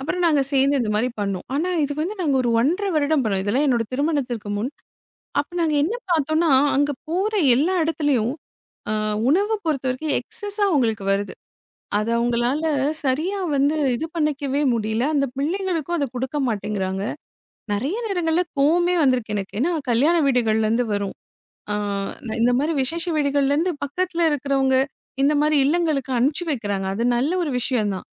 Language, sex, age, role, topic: Tamil, female, 35-39, guest, வறுமையில் உள்ளவர்களுக்கு உணவு பகிர்வதை நீங்கள் எப்படித் திட்டமிடுவீர்கள்?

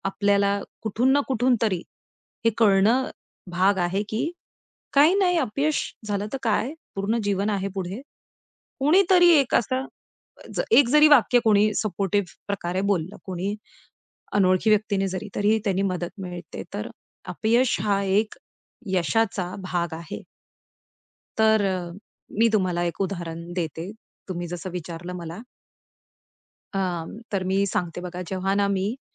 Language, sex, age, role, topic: Marathi, female, 35-39, guest, अपयशानंतर पुन्हा प्रयत्न करण्याची तुम्हाला प्रेरणा कशी मिळते?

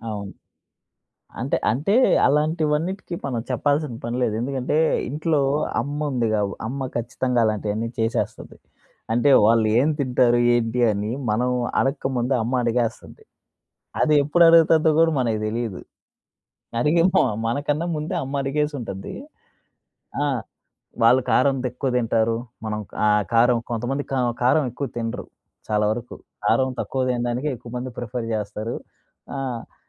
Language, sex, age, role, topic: Telugu, male, 25-29, host, మీ కుటుంబం అతిథులను సాధారణంగా ఎలా ఆహ్వానిస్తుంది?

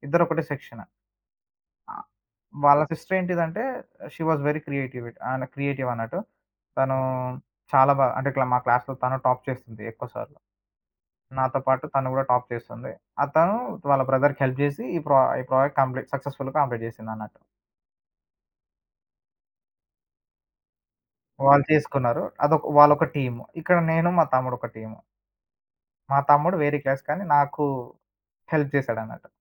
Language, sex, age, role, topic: Telugu, male, 20-24, guest, ఒక చిన్న సృజనాత్మక ప్రాజెక్ట్‌ను మీరు ఎలా ప్రారంభిస్తారు?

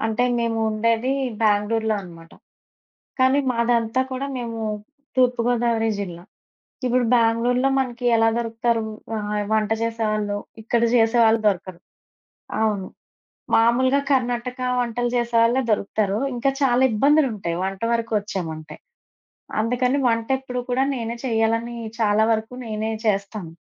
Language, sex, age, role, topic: Telugu, female, 30-34, guest, పార్ట్నర్‌తో ఇంటి పనులను ఎలా పంచుకుని నిర్వహిస్తారు?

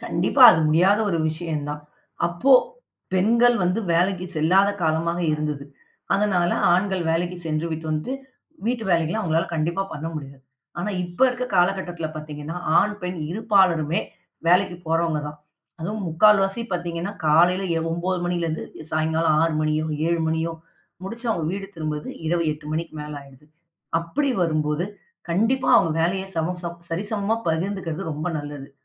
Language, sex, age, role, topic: Tamil, female, 40-44, guest, வீட்டுப் பணிகளை நீங்கள் எவ்வாறு பிரித்து செய்கிறீர்கள்?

